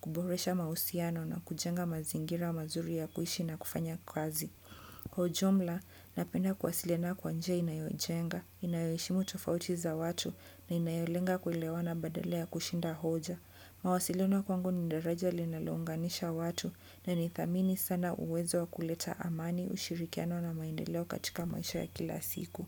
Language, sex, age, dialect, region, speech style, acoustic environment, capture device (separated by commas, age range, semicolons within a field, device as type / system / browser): Swahili, female, 25-34, Kenya - Mombasa, Kenya, happy, nature; people talking; construction; appliances, desktop / Windows / Chrome